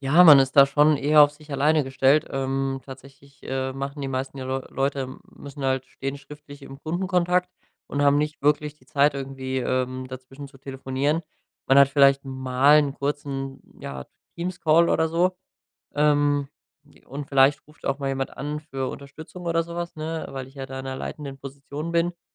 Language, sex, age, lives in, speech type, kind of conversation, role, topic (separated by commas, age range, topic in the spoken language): German, male, 25-29, Germany, conversation, advice, user, Wie hast du den Wechsel vom Büro ins Homeoffice erlebt?